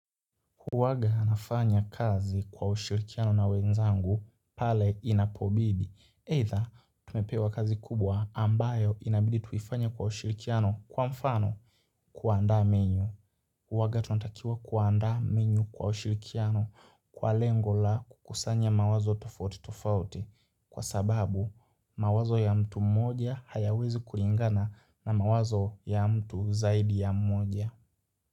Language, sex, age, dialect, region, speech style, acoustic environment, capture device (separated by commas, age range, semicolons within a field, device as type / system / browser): Swahili, male, 18-24, Kenya - Nairobi Sheng-influenced, Kenya, happy, silence, mobile / Linux / Chrome